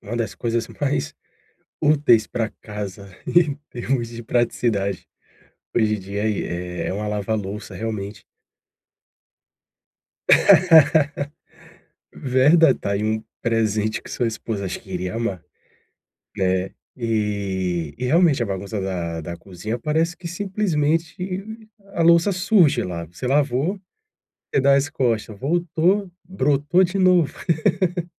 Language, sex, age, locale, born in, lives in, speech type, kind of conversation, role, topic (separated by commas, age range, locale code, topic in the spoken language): Portuguese, male, 40-44, pt-BR, Brazil, Portugal, conversation, advice, advisor, Como manter a casa organizada com menos coisas?